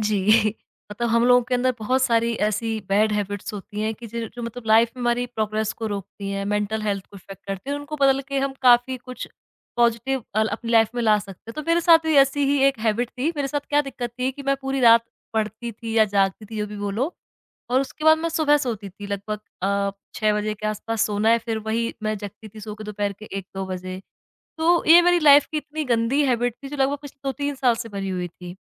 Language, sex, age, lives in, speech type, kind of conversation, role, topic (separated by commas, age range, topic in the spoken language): Hindi, female, 25-29, India, conversation, podcast, guest, Kaunsi aadat badal kar tumhari zindagi behtar hui?